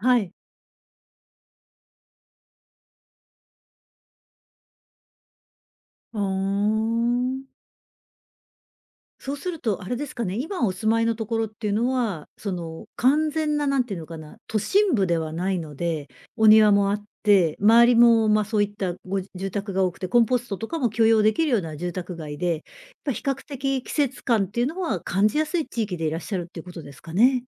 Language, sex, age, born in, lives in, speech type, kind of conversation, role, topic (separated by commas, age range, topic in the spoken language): Japanese, female, 55-59, Japan, Japan, conversation, podcast, host, 季節の移り変わりをどう感じますか？